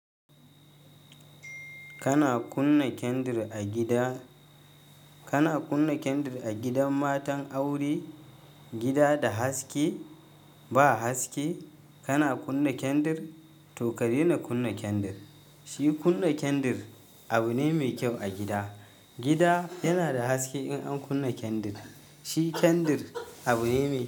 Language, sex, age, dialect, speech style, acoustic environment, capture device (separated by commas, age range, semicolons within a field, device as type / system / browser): Hausa, male, 25-34, Nigeria - Kano, happy, nature; silence; appliances, mobile / Linux / Chrome